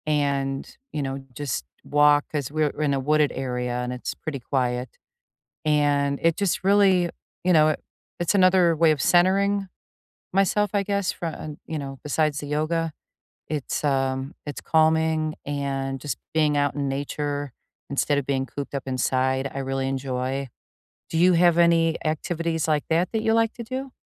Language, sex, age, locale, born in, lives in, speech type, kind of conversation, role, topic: English, female, 55-59, en-US, United States, United States, conversation, unstructured, none, What small daily habit has recently brightened your days, and why does it help you?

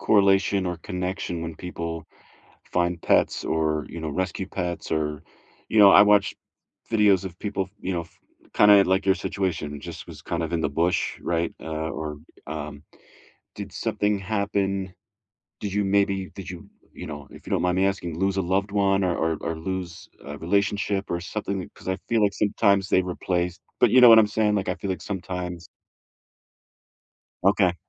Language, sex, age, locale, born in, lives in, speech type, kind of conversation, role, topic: English, male, 35-39, en-US, United States, United States, conversation, unstructured, none, What makes pets so important in people’s lives?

